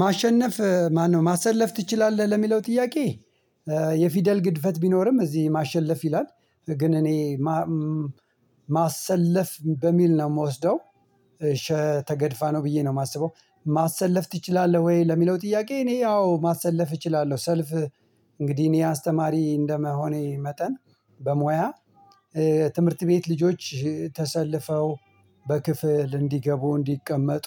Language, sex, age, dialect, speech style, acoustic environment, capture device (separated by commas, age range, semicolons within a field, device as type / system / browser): Amharic, male, 45-54, Ethiopia - Addis Ababa, anxious, silence, mobile / Linux / Chrome